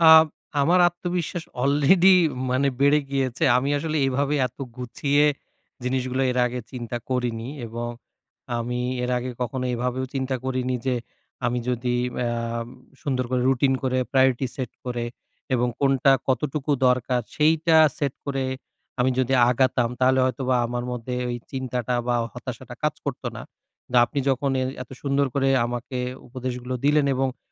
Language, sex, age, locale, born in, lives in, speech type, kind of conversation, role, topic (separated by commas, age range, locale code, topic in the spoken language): Bengali, male, 30-34, bn-BD, Bangladesh, Bangladesh, conversation, advice, user, কিভাবে মেন্টরশিপ থেকে কার্যকর প্রতিক্রিয়া গ্রহণ করবেন?